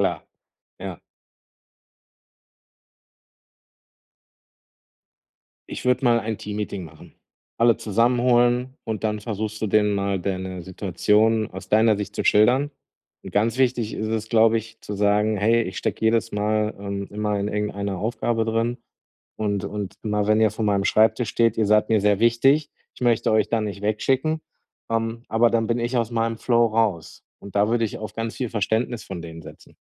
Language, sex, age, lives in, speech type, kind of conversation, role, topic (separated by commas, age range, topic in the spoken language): German, male, 40-44, Germany, conversation, advice, advisor, Wie kann ich Ablenkungen beim Arbeiten und Lernen besser vermeiden?